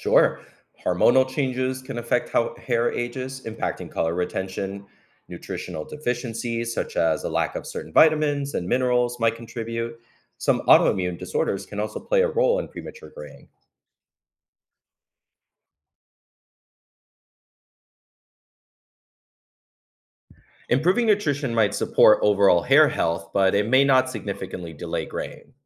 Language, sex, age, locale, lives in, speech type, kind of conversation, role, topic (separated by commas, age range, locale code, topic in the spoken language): English, male, 35-39, en-US, United States, read, expert-assistant, expert, What makes hair turn gray with age?